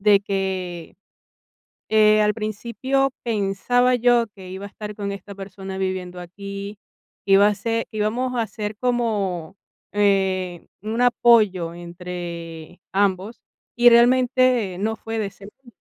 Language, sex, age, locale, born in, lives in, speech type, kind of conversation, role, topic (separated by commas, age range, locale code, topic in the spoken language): Spanish, female, 45-49, es-VE, Venezuela, Italy, conversation, advice, user, ¿Cómo puedo mantenerme emocionalmente estable durante cambios importantes?